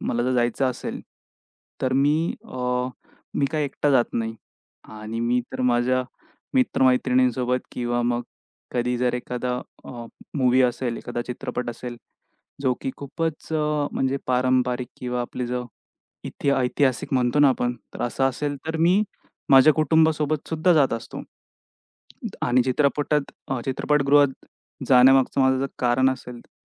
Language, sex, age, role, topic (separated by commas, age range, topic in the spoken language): Marathi, male, 25-29, guest, घरी सिनेमा पाहणं जास्त आवडतं की चित्रपटगृहात पाहणं?